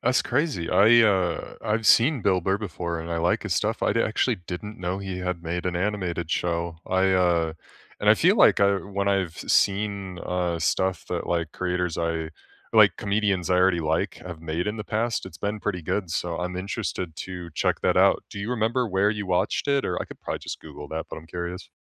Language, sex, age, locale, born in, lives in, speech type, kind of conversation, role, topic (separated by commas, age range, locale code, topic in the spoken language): English, male, 25-29, en-US, United States, United States, conversation, unstructured, none, What under-the-radar TV series do you love recommending to everyone, and what makes it special to you?